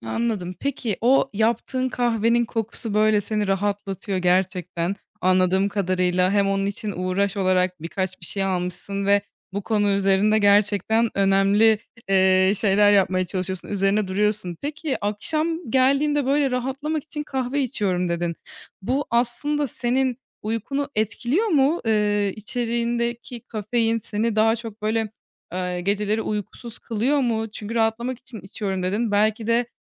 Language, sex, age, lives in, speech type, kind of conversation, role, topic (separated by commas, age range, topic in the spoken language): Turkish, female, 20-24, Germany, conversation, podcast, host, Akşamları rahatlamak için yaptığın basit alışkanlıklar nelerdir?